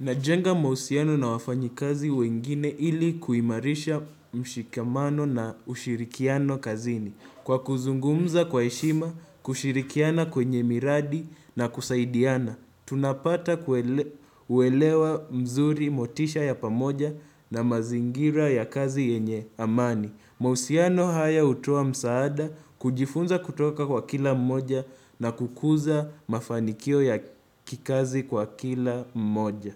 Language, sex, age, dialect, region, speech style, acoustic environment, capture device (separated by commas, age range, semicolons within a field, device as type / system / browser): Swahili, male, 18-24, Kenya - Nairobi Sheng-influenced, Kenya, focused, silence, desktop / Windows / Chrome